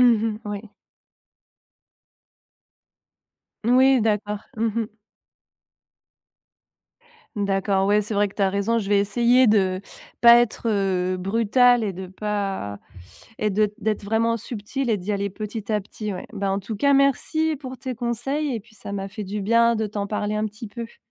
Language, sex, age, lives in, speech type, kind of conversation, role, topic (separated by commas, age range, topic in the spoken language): French, female, 35-39, France, conversation, advice, user, Comment poser des limites à des parents envahissants ?